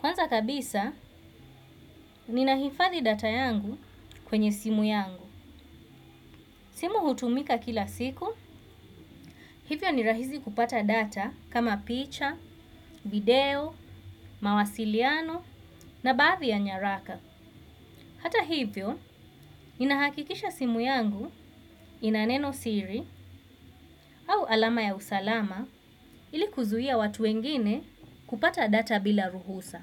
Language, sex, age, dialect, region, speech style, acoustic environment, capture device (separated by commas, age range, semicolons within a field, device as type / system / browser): Swahili, female, 25-34, Kenya - Mombasa, Kenya, focused; relaxed, silence, desktop / Windows / Chrome